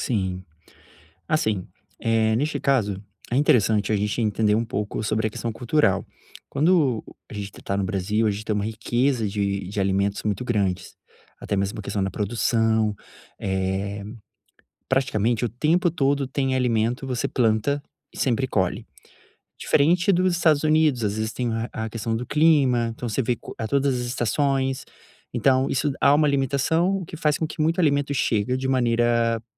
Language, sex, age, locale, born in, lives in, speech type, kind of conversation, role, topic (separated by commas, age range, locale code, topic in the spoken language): Portuguese, male, 30-34, pt-BR, Brazil, Portugal, conversation, advice, advisor, Como posso reduzir o consumo de alimentos processados na minha alimentação diária?